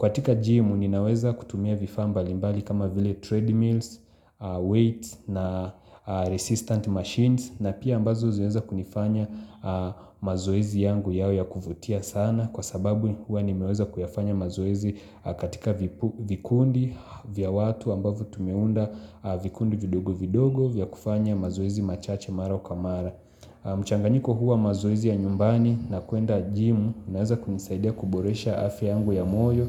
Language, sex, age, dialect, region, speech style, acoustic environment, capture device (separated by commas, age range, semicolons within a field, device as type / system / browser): Swahili, male, 25-34, Kenya - Mombasa, Kenya, focused, nature, mobile / Linux / Chrome